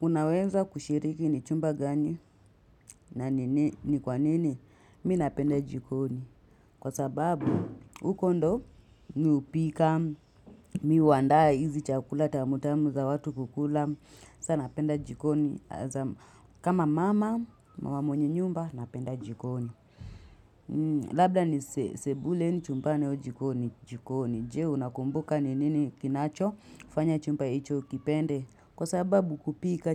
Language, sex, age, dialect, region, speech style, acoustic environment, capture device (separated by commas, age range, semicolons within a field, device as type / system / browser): Swahili, female, 25-34, Kenya - Nairobi Sheng-influenced, Kenya, happy, people talking, mobile / Linux / Chrome